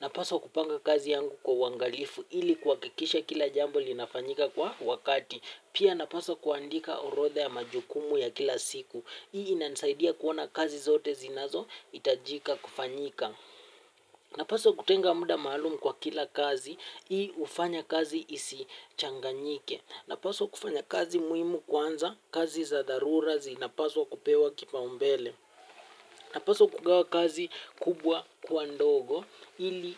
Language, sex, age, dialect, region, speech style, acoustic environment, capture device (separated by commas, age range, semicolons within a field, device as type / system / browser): Swahili, male, 18-24, Kenya - Nairobi Sheng-influenced, Kenya, relaxed; happy, music; nature, mobile / Linux / Chrome